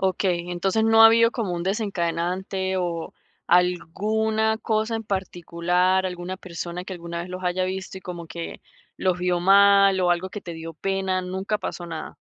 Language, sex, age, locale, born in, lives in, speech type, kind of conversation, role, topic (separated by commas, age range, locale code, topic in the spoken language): Spanish, female, 30-34, es-VE, Venezuela, United States, conversation, advice, advisor, ¿Cómo puedo manejar la inseguridad al interactuar con mi pareja en público?